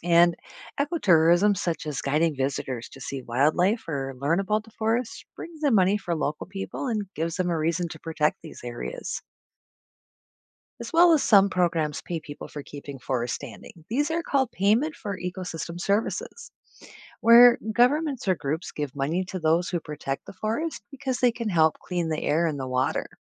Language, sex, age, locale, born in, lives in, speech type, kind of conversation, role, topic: English, female, 45-49, en-US, United States, United States, read, expert-assistant, expert, What are the main causes of deforestation?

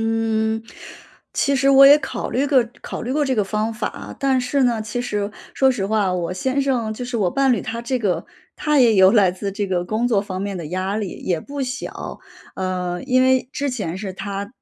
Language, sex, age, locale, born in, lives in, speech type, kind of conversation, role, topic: Chinese, female, 35-39, zh-CN, China, United States, conversation, advice, user, 我在压力下该如何更好地照顾自己？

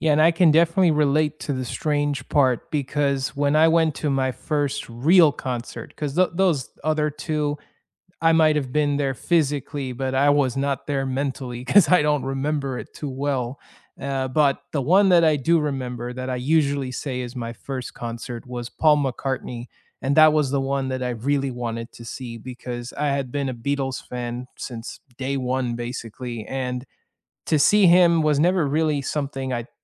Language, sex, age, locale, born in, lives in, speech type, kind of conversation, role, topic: English, male, 30-34, en-US, United States, United States, conversation, unstructured, none, What do you remember about your first concert, what made it exciting, and is live music really worth the hype?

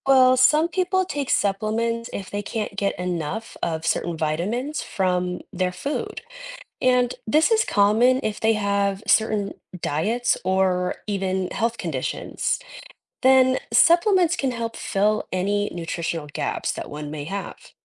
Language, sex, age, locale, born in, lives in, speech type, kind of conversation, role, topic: English, female, 30-34, en-US, United States, United States, read, expert-assistant, expert, Why do we need vitamins?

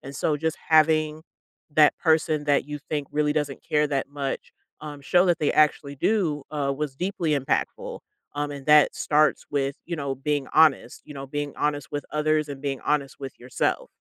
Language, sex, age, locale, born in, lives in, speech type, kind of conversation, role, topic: English, female, 30-34, en-US, United States, United States, conversation, podcast, guest, How does honesty shape your relationships and daily choices?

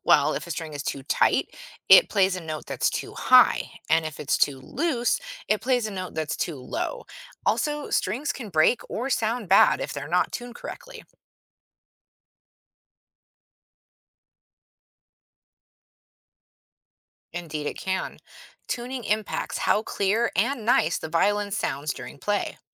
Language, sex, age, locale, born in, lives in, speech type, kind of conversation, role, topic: English, female, 40-44, en-US, United States, United States, read, expert-assistant, expert, How is a violin tuned?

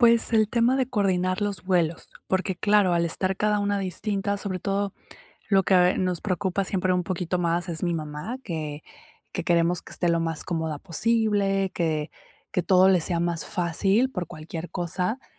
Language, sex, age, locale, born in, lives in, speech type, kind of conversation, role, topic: Spanish, female, 30-34, es-MX, Mexico, Mexico, conversation, advice, user, ¿Cómo puedo planificar un viaje sin sentirme estresado o abrumado?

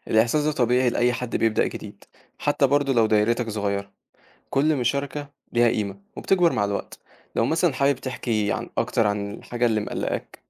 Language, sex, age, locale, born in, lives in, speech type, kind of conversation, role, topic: Arabic, male, 18-19, ar-EG, Egypt, Egypt, read, expert-assistant, expert, لو عندي شغل كتير ومش بلاقي وقت أشارك في مبادرات تنظيف البيئة، أتصرف إزاي وأساهم بشكل فعال؟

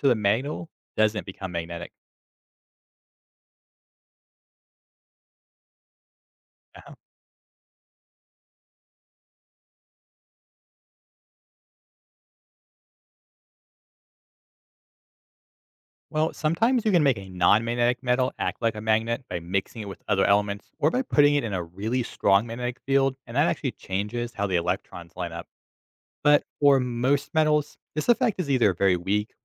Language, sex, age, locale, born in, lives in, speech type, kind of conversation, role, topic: English, male, 25-29, en-US, United States, United States, read, expert-assistant, expert, What causes metal to become magnetic?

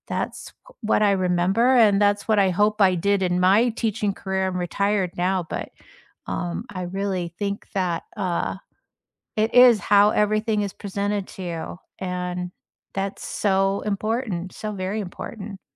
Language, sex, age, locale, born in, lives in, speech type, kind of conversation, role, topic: English, female, 65-69, en-US, United States, United States, conversation, unstructured, none, Which teacher changed your life, and why?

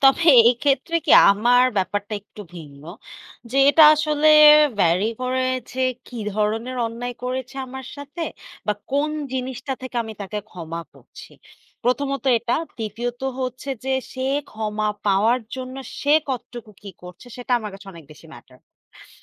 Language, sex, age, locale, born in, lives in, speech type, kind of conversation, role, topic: Bengali, female, 20-24, bn-BD, Bangladesh, Bangladesh, conversation, unstructured, none, আপনার কি মনে হয় ক্ষমা করা কঠিন, নাকি সহজ—এবং কেন?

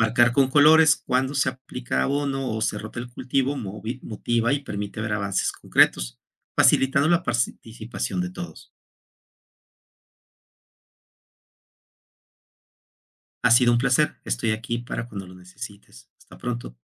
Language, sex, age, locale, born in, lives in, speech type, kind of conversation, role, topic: Spanish, male, 55-59, es-MX, Mexico, Mexico, read, expert-assistant, expert, ¿Qué métodos existen para producir alimentos de manera más eficiente y sostenible?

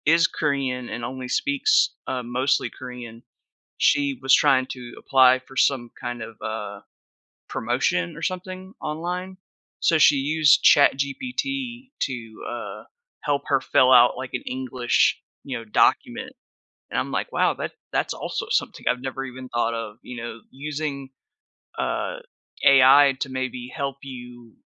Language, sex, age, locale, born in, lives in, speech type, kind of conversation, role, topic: English, male, 30-34, en-US, United States, United States, conversation, podcast, host, In what ways has technology shaped our connections and relationships with others?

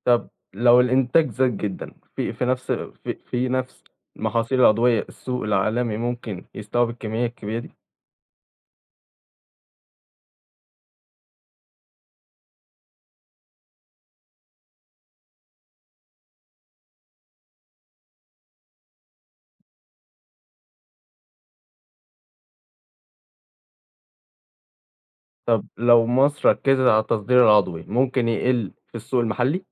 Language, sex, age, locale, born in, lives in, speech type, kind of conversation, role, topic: Arabic, male, 18-19, ar-EG, Egypt, Egypt, read, expert-assistant, asker, تفتكر لو كل الفلاحين في مصر قرروا يزرعوا بس محاصيل عضوية، إيه اللي كان هيحصل في الاقتصاد، وصحة الناس، وأسعار الأكل؟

